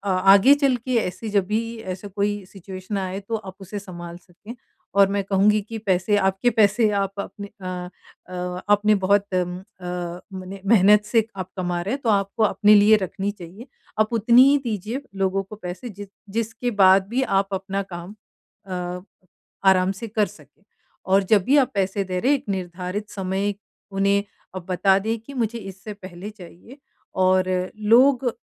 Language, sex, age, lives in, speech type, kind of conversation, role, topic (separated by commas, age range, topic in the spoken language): Hindi, female, 45-49, United States, conversation, advice, advisor, मैं पैसों के बारे में बातचीत कैसे सहज, स्पष्ट और सम्मानजनक तरीके से कर सकता/सकती हूँ?